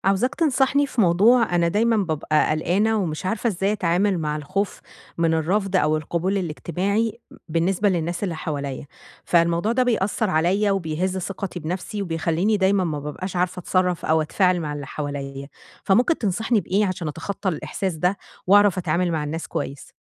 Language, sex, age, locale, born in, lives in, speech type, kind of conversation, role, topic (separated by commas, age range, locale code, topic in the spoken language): Arabic, female, 30-34, ar-EG, Egypt, Egypt, conversation, advice, user, إزاي أتعامل مع خوفي من الرفض ومن إني ماكونش مقبول وسط الناس؟